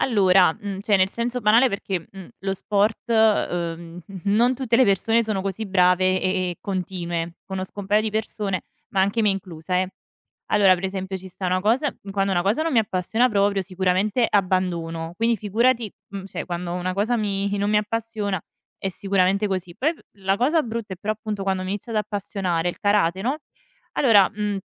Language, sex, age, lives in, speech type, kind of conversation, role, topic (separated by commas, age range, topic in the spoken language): Italian, female, 25-29, Italy, conversation, advice, user, Come mai non riesci più a trarre piacere dalle tue passioni di un tempo?